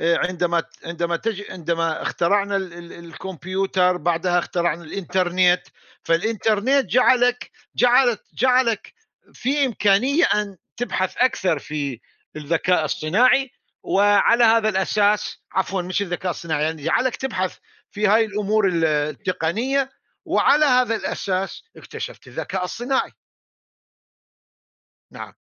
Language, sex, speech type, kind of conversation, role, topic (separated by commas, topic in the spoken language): Arabic, male, conversation, unstructured, none, إزاي الاكتشافات العلمية بتأثر على حياتنا اليومية؟